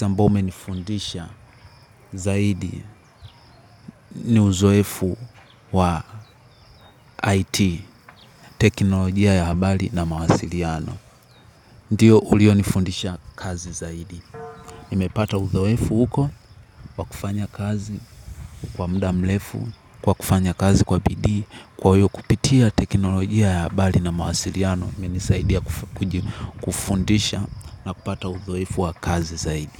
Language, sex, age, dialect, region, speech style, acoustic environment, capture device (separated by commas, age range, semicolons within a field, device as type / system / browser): Swahili, male, 18-24, Tanzania - Dar es Salaam, Tanzania, happy; relaxed; excited; focused, people talking; nature, mobile / Linux / Chrome